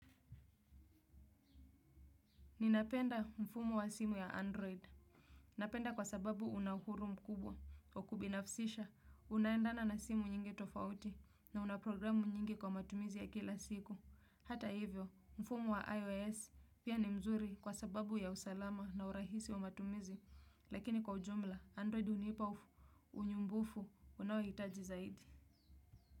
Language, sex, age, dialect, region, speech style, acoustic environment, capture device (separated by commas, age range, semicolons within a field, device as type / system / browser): Swahili, female, 25-34, Kenya - Mombasa, Kenya, happy, silence, desktop / Windows / Chrome